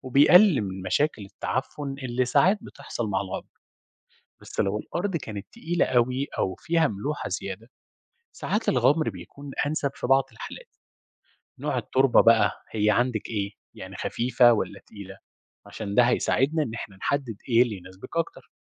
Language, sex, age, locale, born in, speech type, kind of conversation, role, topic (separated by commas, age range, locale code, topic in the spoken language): Arabic, male, 30-34, ar-EG, Egypt, read, expert-assistant, expert, هل الري بالتنقيط أحسن من الغمر في زراعة الخضار؟